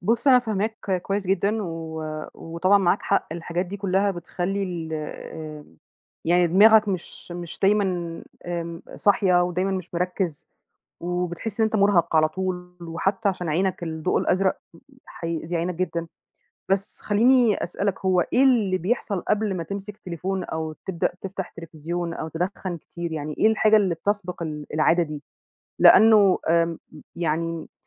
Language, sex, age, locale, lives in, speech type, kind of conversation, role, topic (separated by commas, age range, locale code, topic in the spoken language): Arabic, female, 30-34, ar-EG, Egypt, conversation, advice, advisor, إزاي أبطل عادة وحشة زي الإفراط في استخدام الموبايل؟